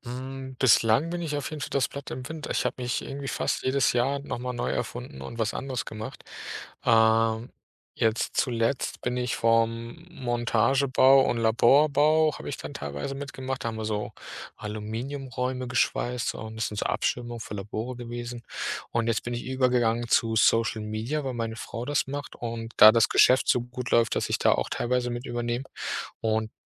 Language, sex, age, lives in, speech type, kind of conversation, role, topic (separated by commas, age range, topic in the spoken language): German, male, 30-34, Germany, conversation, podcast, guest, Welche Fähigkeiten haben dir beim Umstieg am meisten geholfen?